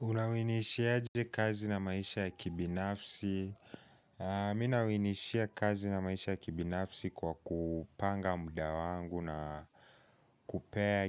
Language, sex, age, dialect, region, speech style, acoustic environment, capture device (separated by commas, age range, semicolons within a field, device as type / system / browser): Swahili, male, 18-24, Kenya - Nairobi Sheng-influenced, Kenya, focused, people talking; appliances, mobile / Linux / Chrome